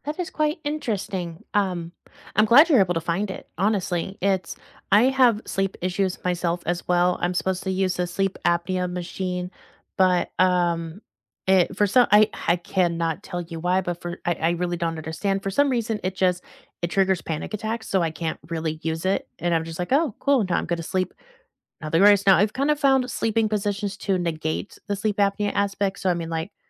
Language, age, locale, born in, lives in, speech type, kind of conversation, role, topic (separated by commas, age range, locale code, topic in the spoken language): English, 25-29, en-US, United States, United States, conversation, unstructured, none, Which hidden-gem podcasts or YouTube channels would you share with friends, and why do they resonate?